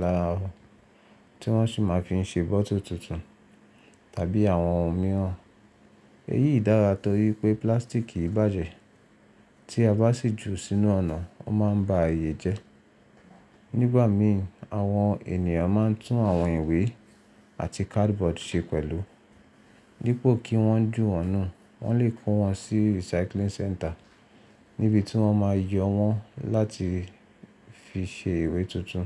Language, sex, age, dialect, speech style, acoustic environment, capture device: Yoruba, male, 25-34, Nigeria - Nigerian Standard, relaxed, silence, mobile / Linux / Chrome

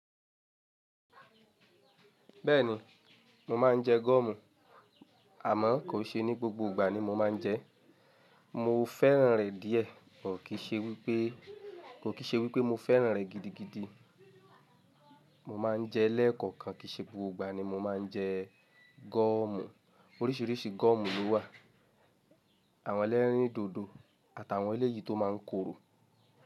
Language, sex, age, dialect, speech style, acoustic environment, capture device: Yoruba, male, 25-34, Nigeria - Lagos Yoruba, happy; excited, silence; nature, mobile / Linux / Chrome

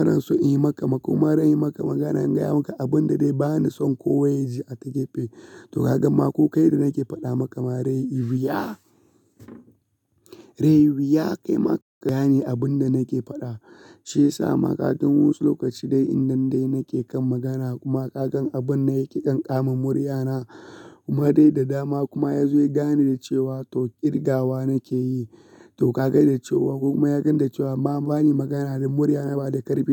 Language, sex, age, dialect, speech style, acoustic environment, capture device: Hausa, male, 25-34, Nigeria - Kano, relaxed; focused, silence; nature, mobile / Linux / Chrome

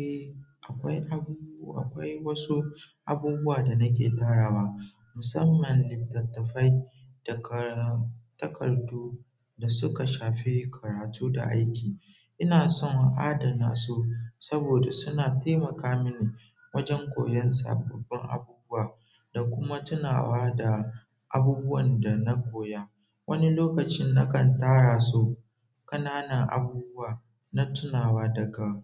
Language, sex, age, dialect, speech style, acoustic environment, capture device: Hausa, male, 18-24, Nigeria - Nigerian Standard, relaxed; focused, nature, mobile / Android / Chrome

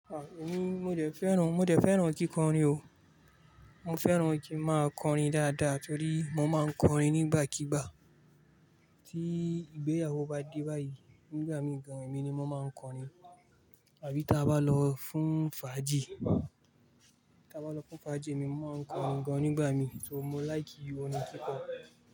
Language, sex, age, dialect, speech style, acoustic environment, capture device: Yoruba, male, 25-34, Nigeria - Kano, relaxed, traffic, mobile / Linux / Chrome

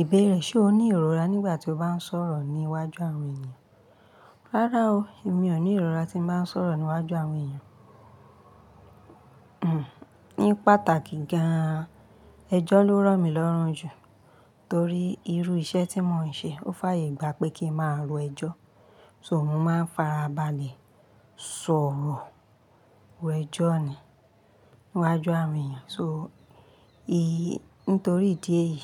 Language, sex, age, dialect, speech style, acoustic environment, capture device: Yoruba, female, 35-44, Nigeria - Ibadan, relaxed; happy; focused, people talking; nature; appliances, mobile / Linux / Chrome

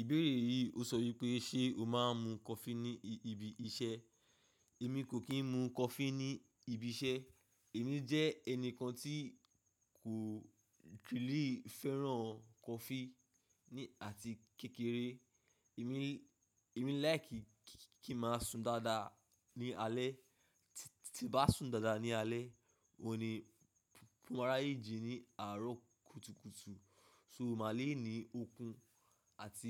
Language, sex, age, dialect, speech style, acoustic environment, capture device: Yoruba, male, 25-34, Nigeria - Ibadan, relaxed, nature, mobile / macOS / Safari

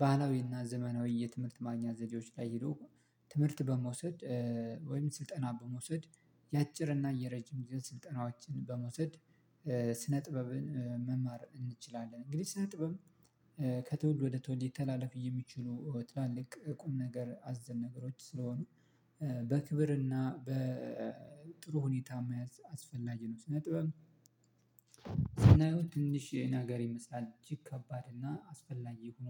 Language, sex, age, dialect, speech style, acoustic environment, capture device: Amharic, male, 25-34, Ethiopia - Addis Ababa, relaxed, silence, mobile / Linux / Chrome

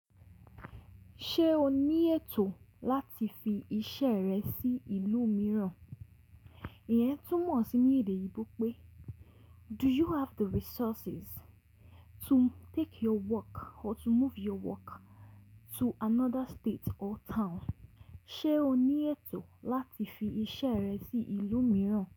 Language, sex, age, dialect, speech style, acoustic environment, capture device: Yoruba, female, 18-24, Nigeria - Lagos Yoruba, happy, silence, mobile / Linux / Chrome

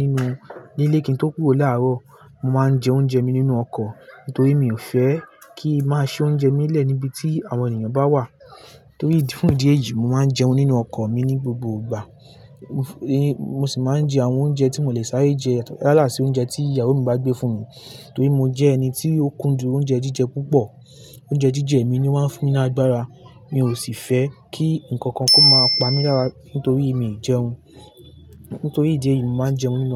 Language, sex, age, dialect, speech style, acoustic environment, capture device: Yoruba, male, 18-24, Nigeria - Lagos Yoruba, relaxed; focused, nature, mobile / Linux / Chrome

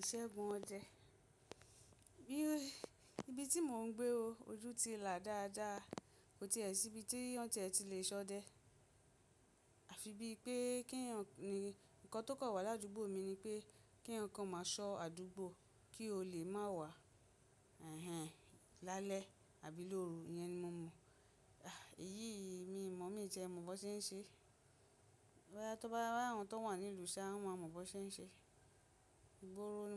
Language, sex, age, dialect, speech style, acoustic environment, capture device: Yoruba, female, 35-44, Nigeria - Lagos Yoruba, happy, nature, mobile / macOS / Safari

